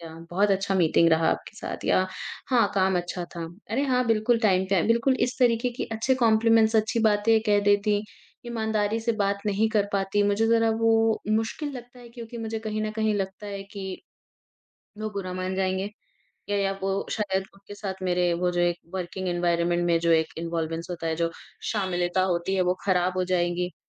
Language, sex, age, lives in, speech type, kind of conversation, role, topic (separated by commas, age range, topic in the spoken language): Hindi, female, 20-24, India, conversation, advice, user, अपने सहकर्मी को ईमानदार फीडबैक देना आपको मुश्किल क्यों लगता है?